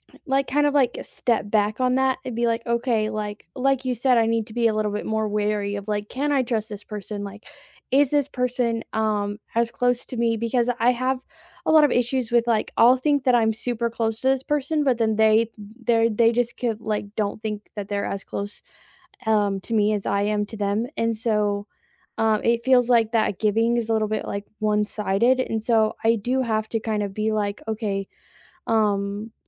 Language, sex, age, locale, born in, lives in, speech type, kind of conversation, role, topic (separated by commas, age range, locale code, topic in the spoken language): English, female, 20-24, en-US, United States, United States, conversation, unstructured, none, What do you think about sharing passwords in a relationship?